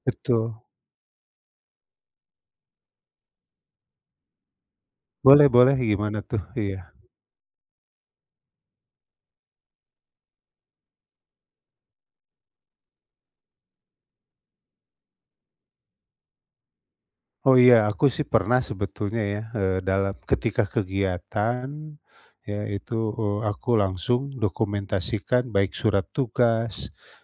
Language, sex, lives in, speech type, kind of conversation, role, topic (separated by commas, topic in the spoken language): Indonesian, male, Indonesia, conversation, advice, user, Bagaimana saya bisa lebih konsisten mempertahankan kebiasaan mendokumentasikan proses kreatif setiap hari?